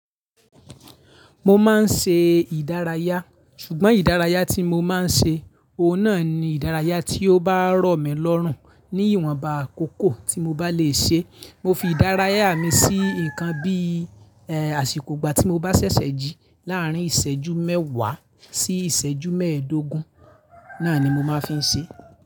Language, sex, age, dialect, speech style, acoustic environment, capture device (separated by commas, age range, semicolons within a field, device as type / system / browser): Yoruba, male, 25-34, Nigeria - Ibadan, relaxed; focused, people talking; appliances; silence, mobile / Linux / Chrome